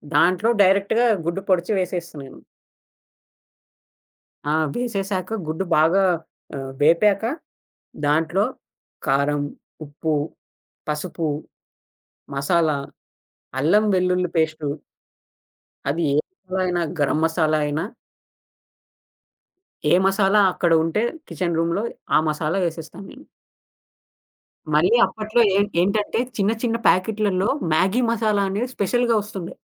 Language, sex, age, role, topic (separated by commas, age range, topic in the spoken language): Telugu, male, 20-24, guest, ఏ మసాలా కలయిక నీకు తప్పనిసరిగా కావాలి అనిపిస్తుంది?